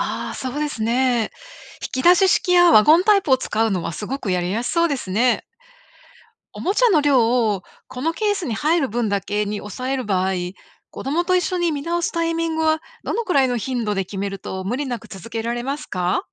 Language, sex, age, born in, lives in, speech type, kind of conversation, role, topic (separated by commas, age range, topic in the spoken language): Japanese, female, 55-59, Japan, United States, read, expert-assistant, asker, 家族みんなが使いやすい収納を作るにはどうしたらいいですか？